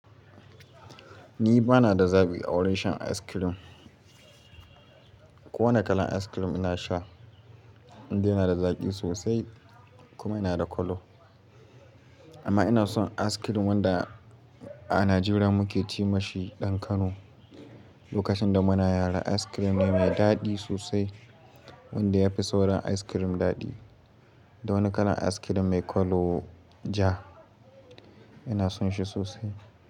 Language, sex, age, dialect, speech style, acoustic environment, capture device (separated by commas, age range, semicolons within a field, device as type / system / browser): Hausa, male, 18-24, Nigeria - Katsina, happy, nature; silence, mobile / Linux / Chrome